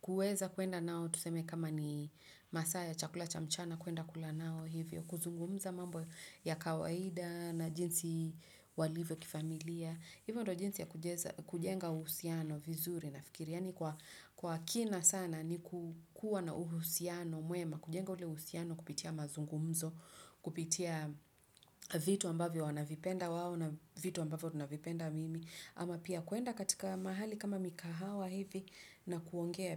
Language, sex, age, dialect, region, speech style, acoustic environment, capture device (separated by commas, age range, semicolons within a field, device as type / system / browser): Swahili, female, 35-44, Kenya - Nairobi Sheng-influenced, Kenya, relaxed, nature; silence, desktop / Windows / Chrome